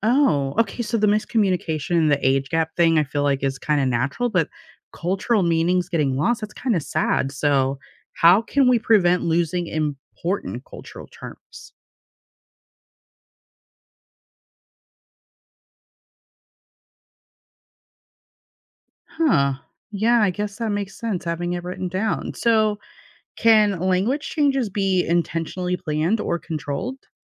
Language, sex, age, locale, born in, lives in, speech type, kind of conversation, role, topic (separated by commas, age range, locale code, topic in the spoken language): English, female, 35-39, en-US, United States, United States, read, expert-assistant, asker, What drives the evolution of language over time?